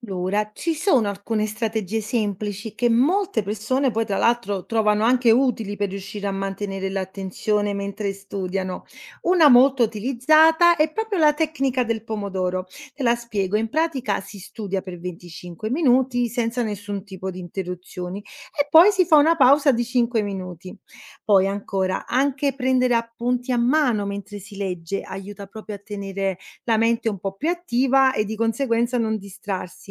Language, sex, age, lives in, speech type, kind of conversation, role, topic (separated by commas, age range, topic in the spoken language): Italian, female, 30-34, Italy, read, expert-assistant, expert, È vero che usiamo solo il 10% del cervello e come possiamo migliorare attenzione e memoria nello studio?